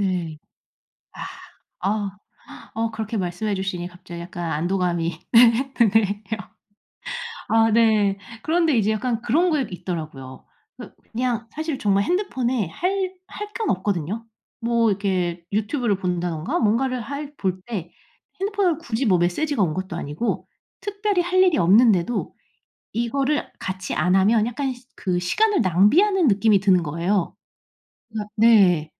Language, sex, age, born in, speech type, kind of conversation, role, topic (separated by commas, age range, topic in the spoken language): Korean, female, 35-39, South Korea, conversation, advice, user, 집에서 영화나 책을 볼 때 집중이 잘 안 되는데, 어떻게 하면 좋을까요?